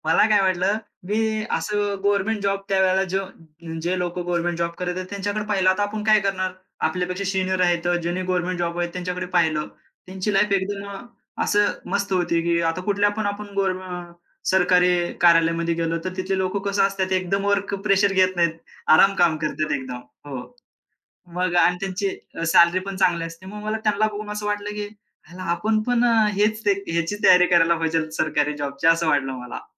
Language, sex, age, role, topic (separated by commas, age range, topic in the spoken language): Marathi, male, 25-29, guest, एखाद्या अजनबीने दिलेला कोणता सल्ला तुम्हाला उपयोगी पडला होता?